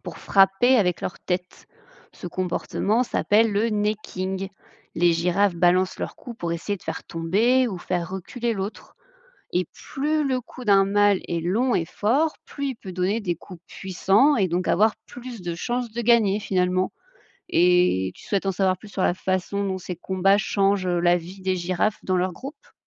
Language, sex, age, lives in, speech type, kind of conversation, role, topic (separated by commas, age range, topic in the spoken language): French, female, 30-34, France, read, expert-assistant, expert, Pourquoi les girafes ont-elles un long cou ?